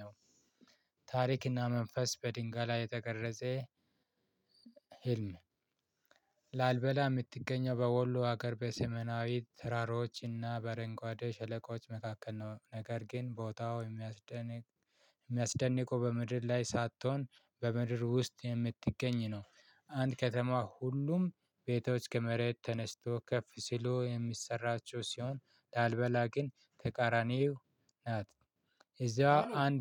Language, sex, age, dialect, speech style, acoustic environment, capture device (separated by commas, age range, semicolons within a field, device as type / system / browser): Amharic, male, 25-34, Ethiopia - Addis Ababa, excited, nature, mobile / Linux / Chrome